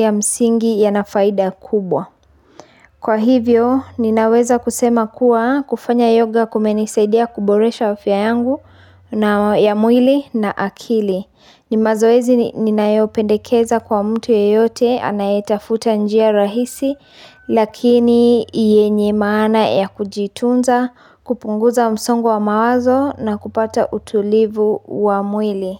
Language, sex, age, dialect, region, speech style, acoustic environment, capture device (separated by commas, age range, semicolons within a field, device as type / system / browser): Swahili, female, 25-34, Kenya - Nairobi Sheng-influenced, Kenya, happy, nature, mobile / Linux / Chrome